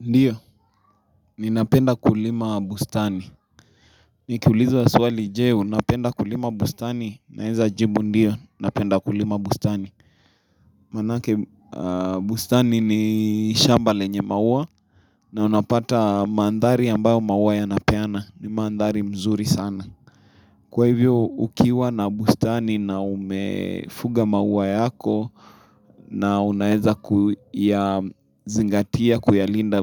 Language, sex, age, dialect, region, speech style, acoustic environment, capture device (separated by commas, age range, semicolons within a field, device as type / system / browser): Swahili, male, 25-34, Kenya - Nairobi Sheng-influenced, Kenya, excited; happy; relaxed, silence, mobile / Linux / Chrome